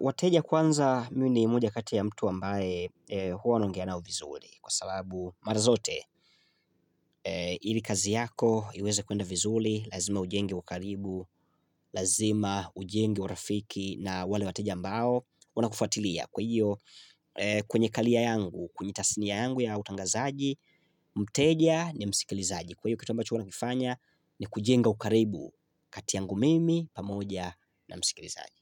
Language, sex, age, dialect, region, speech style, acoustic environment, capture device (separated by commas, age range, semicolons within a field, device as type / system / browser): Swahili, male, 25-34, Tanzania - Dar es Salaam, Tanzania, happy; excited; focused, silence, mobile / Linux / Chrome